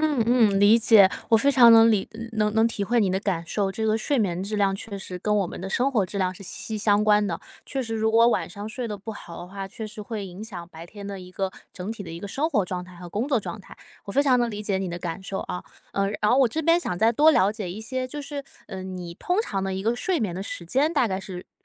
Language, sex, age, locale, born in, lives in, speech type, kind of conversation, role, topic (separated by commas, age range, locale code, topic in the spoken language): Chinese, female, 25-29, zh-CN, China, United States, conversation, advice, advisor, 睡眠焦虑很严重，我担心睡不好会影响健康，该怎么办？